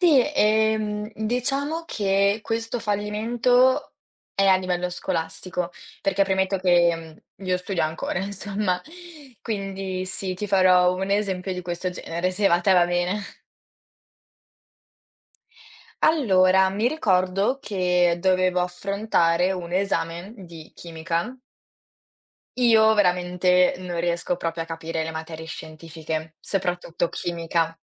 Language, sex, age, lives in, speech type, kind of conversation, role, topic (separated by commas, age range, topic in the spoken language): Italian, female, 18-19, Italy, conversation, podcast, guest, Ti va di raccontare un fallimento da cui hai imparato molto?